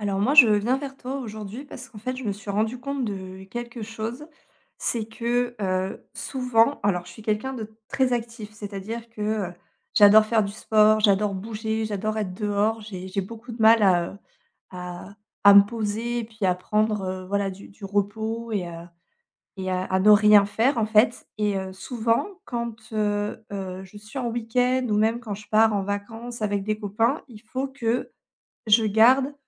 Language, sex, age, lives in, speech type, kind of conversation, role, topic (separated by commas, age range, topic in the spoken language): French, female, 35-39, France, conversation, advice, user, Comment trouver un bon équilibre entre repos et activité pendant un voyage ou un week-end ?